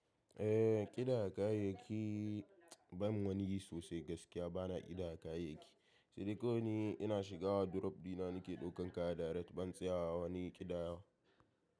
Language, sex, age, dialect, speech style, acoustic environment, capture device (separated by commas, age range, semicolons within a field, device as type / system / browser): Hausa, male, 18-24, Nigeria - Kano, happy; stressed, people talking, mobile / macOS / Safari